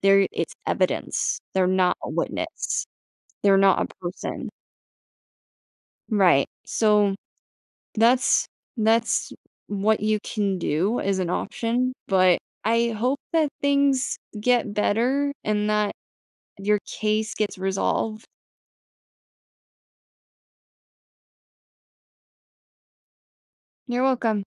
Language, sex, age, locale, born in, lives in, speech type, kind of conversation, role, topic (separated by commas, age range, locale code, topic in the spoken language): English, female, 25-29, en-US, United States, United States, conversation, advice, advisor, How can I talk to a close friend after our disagreement?